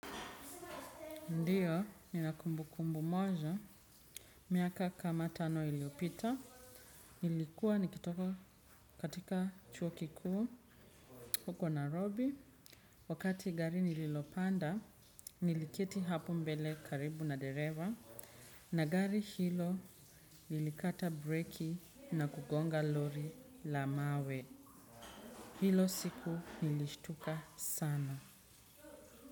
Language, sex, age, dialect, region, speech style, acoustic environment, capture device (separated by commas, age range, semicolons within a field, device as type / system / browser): Swahili, female, 25-34, Kenya - Nairobi Sheng-influenced, Kenya, relaxed, people talking, desktop / Windows / Chrome